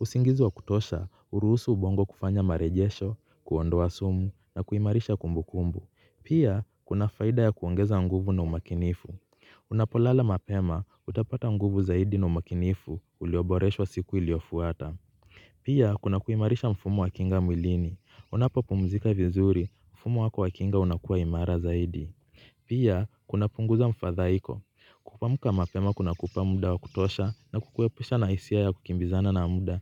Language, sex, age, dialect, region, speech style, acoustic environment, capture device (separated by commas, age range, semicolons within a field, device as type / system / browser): Swahili, male, 25-34, Kenya - Mombasa, Kenya, relaxed; focused, people talking; nature, mobile / Linux / Chrome